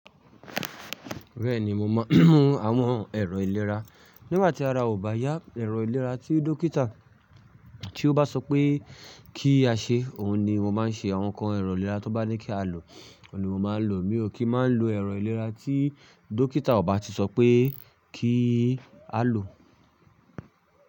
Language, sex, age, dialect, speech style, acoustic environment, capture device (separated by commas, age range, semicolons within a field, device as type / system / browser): Yoruba, male, 25-34, Nigeria - Ibadan, stressed, silence, mobile / Linux / Chrome